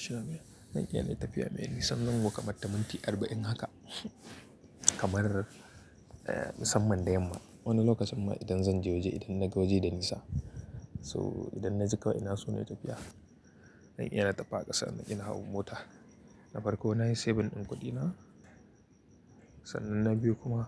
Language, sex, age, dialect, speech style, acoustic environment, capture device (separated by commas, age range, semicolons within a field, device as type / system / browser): Hausa, male, 25-34, Nigeria - Kano, happy; anxious, people talking, mobile / Linux / Chrome